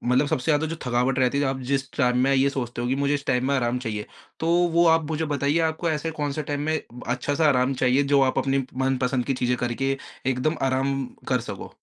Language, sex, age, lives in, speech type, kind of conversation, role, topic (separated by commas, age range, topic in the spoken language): Hindi, male, 20-24, India, conversation, advice, advisor, घर पर मुझे ठीक से आराम क्यों नहीं मिल पाता है?